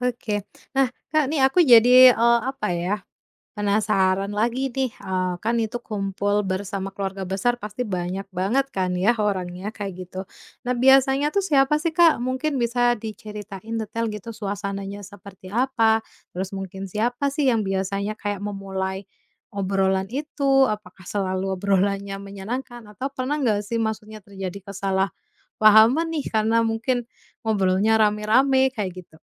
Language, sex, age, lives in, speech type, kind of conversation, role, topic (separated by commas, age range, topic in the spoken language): Indonesian, female, 30-34, Indonesia, conversation, podcast, host, Bagaimana kebiasaan keluarga Anda berbagi cerita tentang hari itu saat makan bersama?